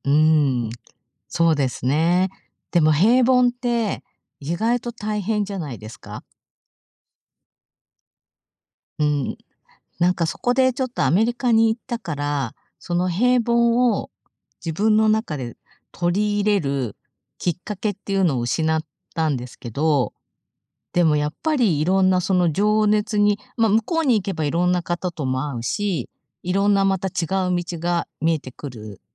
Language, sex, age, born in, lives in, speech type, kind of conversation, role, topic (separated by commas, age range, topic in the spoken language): Japanese, female, 50-54, Japan, Japan, conversation, podcast, guest, 情熱と安定のどちらを選びますか？